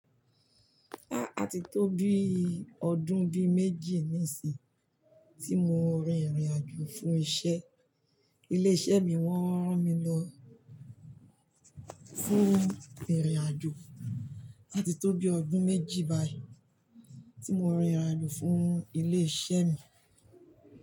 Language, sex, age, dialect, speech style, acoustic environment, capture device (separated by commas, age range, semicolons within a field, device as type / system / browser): Yoruba, female, 45-54, Nigeria - Lagos Yoruba, excited, nature, mobile / Linux / Chrome